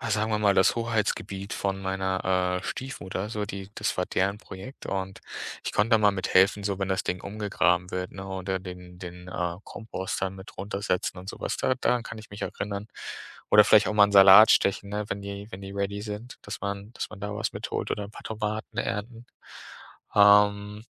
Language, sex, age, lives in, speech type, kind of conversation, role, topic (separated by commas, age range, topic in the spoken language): German, male, 30-34, Germany, conversation, podcast, guest, Welche Rolle spielen die Jahreszeiten bei deiner Essenswahl?